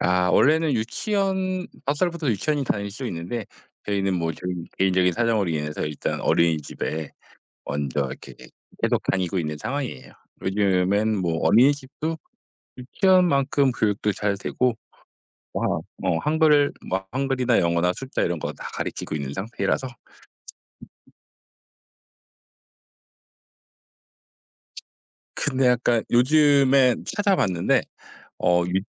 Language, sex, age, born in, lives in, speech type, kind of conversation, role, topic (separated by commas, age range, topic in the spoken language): Korean, male, 40-44, South Korea, South Korea, conversation, unstructured, none, 비상금이 없으면 어떤 상황이 가장 걱정되시나요?